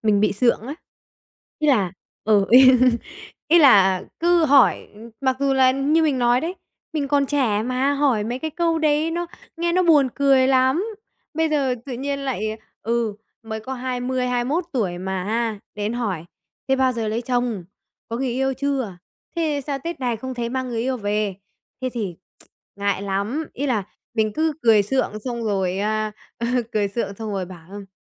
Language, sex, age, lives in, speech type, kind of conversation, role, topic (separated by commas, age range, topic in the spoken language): Vietnamese, female, 20-24, Vietnam, conversation, advice, user, Làm sao để giảm căng thẳng khi đi dự lễ hội?